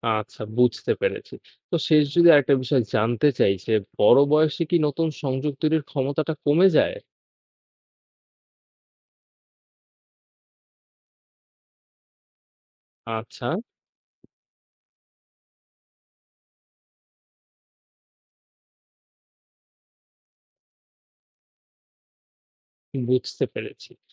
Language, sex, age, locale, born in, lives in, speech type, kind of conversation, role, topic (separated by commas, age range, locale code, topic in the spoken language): Bengali, male, 20-24, bn-BD, Bangladesh, Bangladesh, read, expert-assistant, asker, সাধারণভাবে আমরা শুনি, 'বাম মস্তিষ্ক' ও 'ডান মস্তিষ্ক' নিয়ে নানা তত্ত্ব রয়েছে। এই ধারণার বৈজ্ঞানিক ভিত্তি কতটা নির্ভরযোগ্য, এবং আসল তথ্য কী?